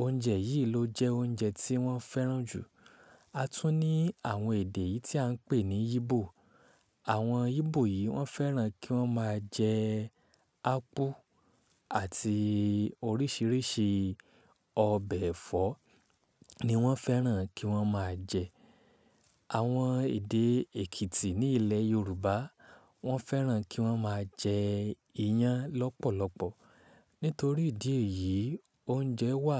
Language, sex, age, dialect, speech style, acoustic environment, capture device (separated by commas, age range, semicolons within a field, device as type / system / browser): Yoruba, male, 25-34, Nigeria - Ibadan, tired, silence, mobile / Linux / Chrome